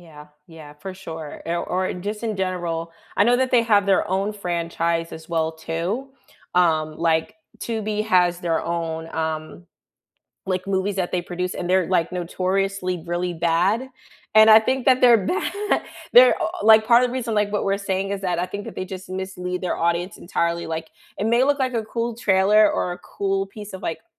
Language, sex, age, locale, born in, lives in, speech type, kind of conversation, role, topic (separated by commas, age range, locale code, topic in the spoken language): English, female, 25-29, en-US, United States, United States, conversation, unstructured, none, Which movie, TV, or game trailer misled you—pleasantly or painfully—and how did you feel?